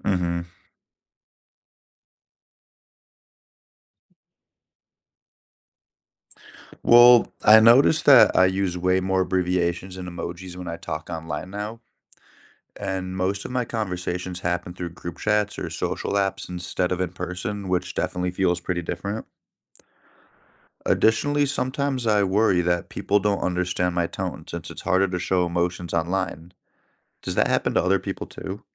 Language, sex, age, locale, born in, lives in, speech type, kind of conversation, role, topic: English, male, 30-34, en-US, United States, United States, read, expert-assistant, asker, How does social media influence the way we communicate with each other?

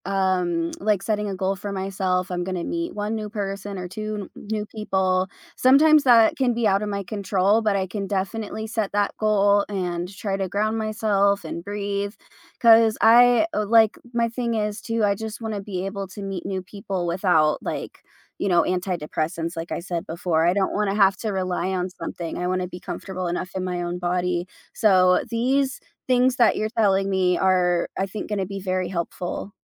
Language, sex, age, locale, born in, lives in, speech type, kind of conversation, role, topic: English, female, 25-29, en-US, United States, United States, conversation, advice, user, How can I feel more confident and comfortable meeting new people at a party?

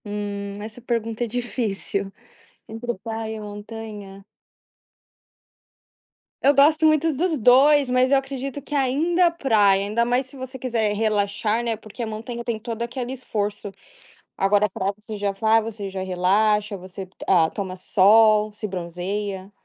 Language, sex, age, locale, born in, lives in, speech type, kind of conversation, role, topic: Portuguese, female, 20-24, pt-BR, Brazil, United States, conversation, podcast, guest, Qual foi a sua primeira experiência marcante na praia?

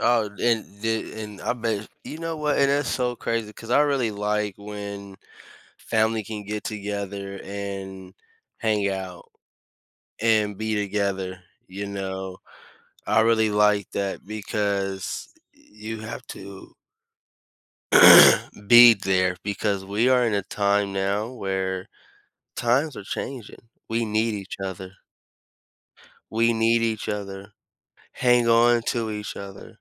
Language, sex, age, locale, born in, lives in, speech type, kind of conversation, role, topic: English, male, 25-29, en-US, United States, United States, conversation, unstructured, none, What factors shape your choice of who to spend your weekends with?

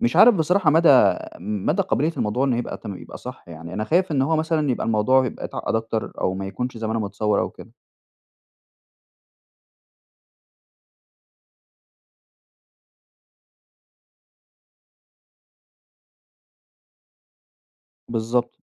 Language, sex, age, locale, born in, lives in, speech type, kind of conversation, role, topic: Arabic, male, 20-24, ar-EG, Egypt, Egypt, conversation, advice, user, إزاي بتتصرف لما تلاقي كذا موعد تسليم متكدّس عليك في نفس الوقت؟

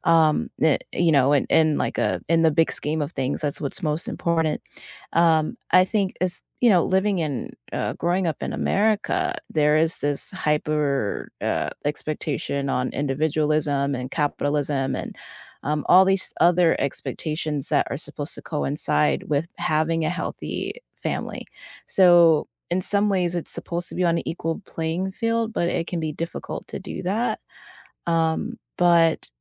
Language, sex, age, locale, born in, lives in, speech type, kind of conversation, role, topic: English, female, 30-34, en-US, United States, United States, conversation, unstructured, none, Do white lies preserve relationships more effectively than always insisting on brutal honesty?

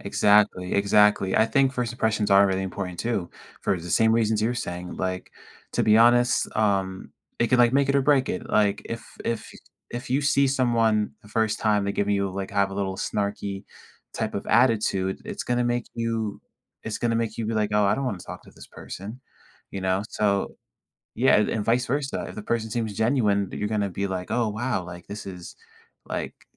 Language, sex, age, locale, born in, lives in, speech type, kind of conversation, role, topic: English, male, 18-19, en-US, United States, United States, conversation, podcast, host, How can communities create an environment where newcomers feel included and valued?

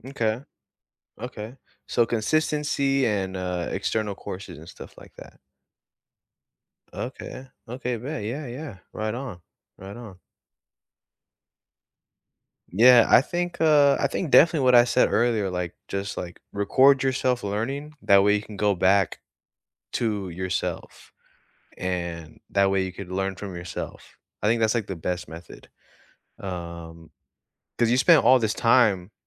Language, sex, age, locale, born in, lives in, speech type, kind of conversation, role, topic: English, male, 20-24, en-US, United States, United States, conversation, unstructured, none, How do you learn best—through notes, videos, projects, or conversations—and what makes it click for you?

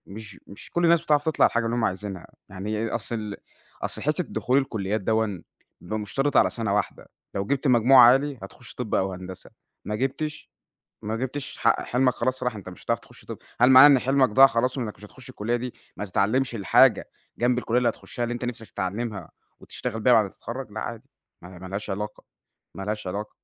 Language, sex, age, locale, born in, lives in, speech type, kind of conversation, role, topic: Arabic, male, 20-24, ar-EG, Egypt, Egypt, conversation, podcast, guest, إيه رأيك في أهمية الشهادة الجامعية دلوقتي؟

